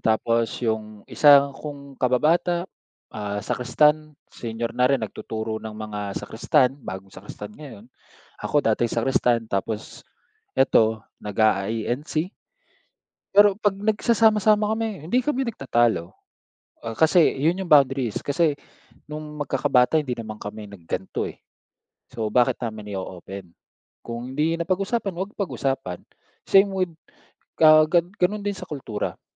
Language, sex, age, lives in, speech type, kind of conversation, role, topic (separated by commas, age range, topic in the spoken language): Filipino, male, 30-34, Philippines, conversation, unstructured, none, Paano mo ipinapakita ang respeto sa ibang relihiyon o kultura?